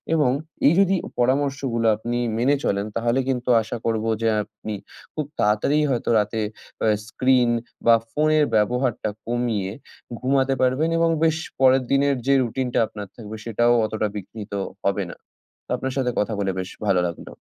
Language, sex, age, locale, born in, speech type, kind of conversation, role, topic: Bengali, male, 20-24, bn-IN, India, conversation, advice, advisor, রাতে ফোন বা স্ক্রিন ব্যবহার কীভাবে আপনার ঘুম এবং পরের দিনের রুটিন বিঘ্নিত করে?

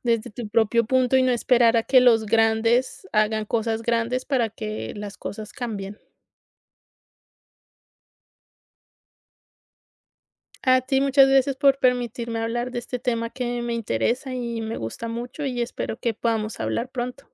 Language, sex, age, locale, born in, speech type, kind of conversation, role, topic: Spanish, female, 30-34, es-CO, Colombia, conversation, podcast, guest, ¿Qué estrategias funcionan para proteger a los polinizadores, como las abejas y las mariposas?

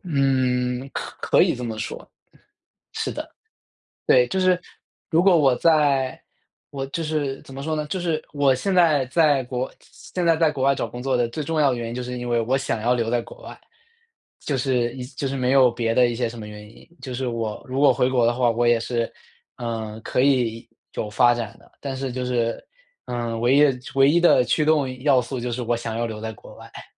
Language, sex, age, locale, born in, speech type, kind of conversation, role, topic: Chinese, male, 25-29, zh-CN, China, conversation, advice, user, 回到岗位后，我为什么会害怕倦怠再次复发？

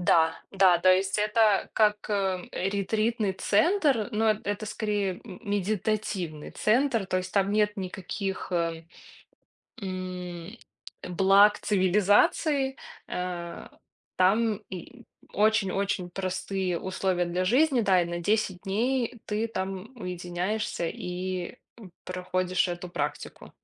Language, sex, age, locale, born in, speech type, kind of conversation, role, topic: Russian, female, 30-34, ru-RU, Belarus, conversation, podcast, guest, Что для тебя значит цифровой детокс и как ты его устраиваешь?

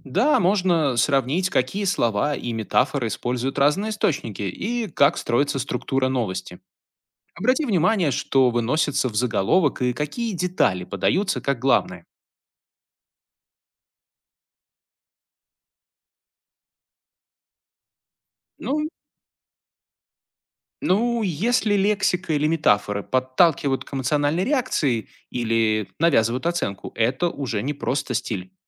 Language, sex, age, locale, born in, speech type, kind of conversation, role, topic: Russian, male, 35-39, ru-RU, Belarus, read, expert-assistant, expert, Как регулярно сравнивать новости из разных стран, чтобы лучше понимать их политику?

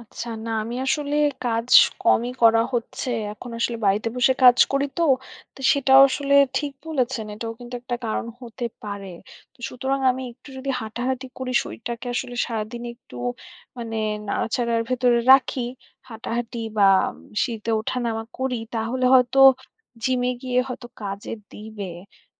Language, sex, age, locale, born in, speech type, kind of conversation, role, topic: Bengali, female, 25-29, bn-BD, Bangladesh, conversation, advice, user, নিয়মিত ব্যায়াম করেও ফল না পেয়ে হতাশা ও সন্দেহ হলে আপনি কীভাবে তা সামলান?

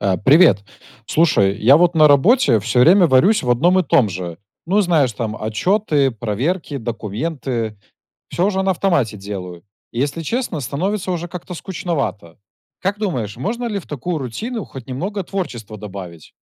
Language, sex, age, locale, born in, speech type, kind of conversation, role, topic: Russian, male, 25-29, ru-RU, Belarus, read, expert-assistant, asker, Как можно по-новому подойти к рутинным задачам, чтобы в жизни появилось больше творчества?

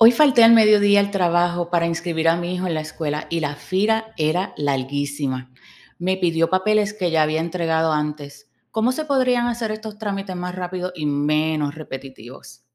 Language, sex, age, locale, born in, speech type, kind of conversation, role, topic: Spanish, female, 45-49, es-US, Puerto Rico, read, expert-assistant, asker, ¿Cómo podríamos rediseñar los trámites gubernamentales para que sean más rápidos y menos complicados para la gente común?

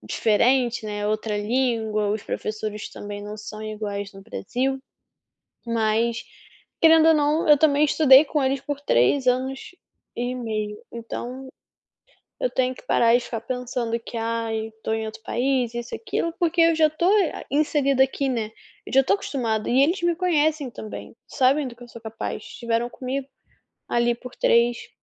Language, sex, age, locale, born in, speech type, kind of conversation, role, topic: Portuguese, female, 20-24, pt-BR, Brazil, conversation, advice, user, Como posso controlar o nervosismo antes de falar em público?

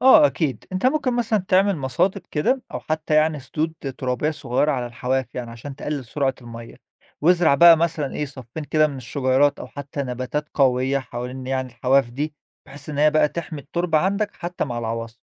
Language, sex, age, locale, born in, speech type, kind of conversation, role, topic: Arabic, male, 25-29, ar-EG, Egypt, read, expert-assistant, expert, إزاي ممكن نمنع تآكل الصخور والأراضي في المناطق الساحلية أو الزراعية؟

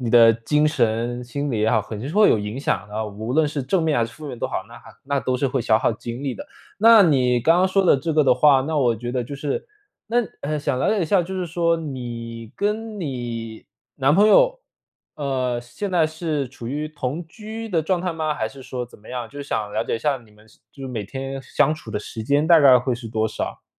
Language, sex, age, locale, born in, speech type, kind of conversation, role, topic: Chinese, male, 25-29, zh-CN, China, conversation, advice, advisor, 陪伴伴侣时如何兼顾自我照顾，避免关系变得沉重？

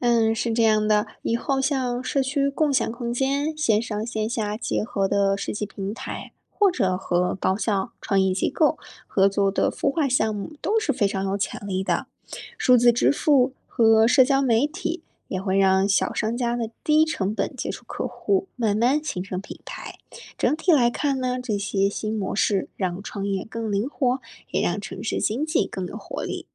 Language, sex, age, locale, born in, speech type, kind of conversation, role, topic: Chinese, female, 25-29, zh-CN, China, read, expert-assistant, expert, 如果想更快看懂一个地方的经济特色，日常生活中可以留意哪些现象？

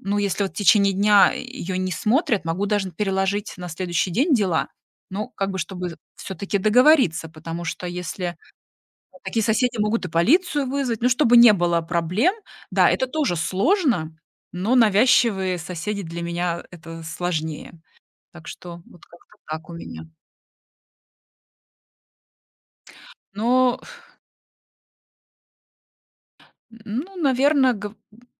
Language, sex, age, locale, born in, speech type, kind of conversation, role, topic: Russian, female, 40-44, ru-RU, Russia, conversation, podcast, guest, Что на самом деле помогает наладить контакт с соседями?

